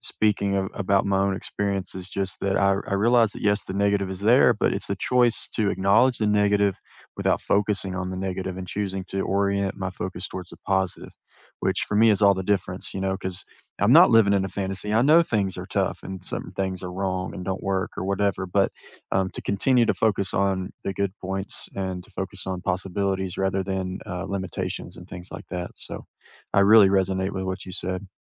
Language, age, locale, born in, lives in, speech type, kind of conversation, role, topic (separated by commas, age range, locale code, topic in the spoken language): English, 30-34, en-US, United States, United States, conversation, unstructured, none, What are you discovering about yourself this year, and how can we support each other?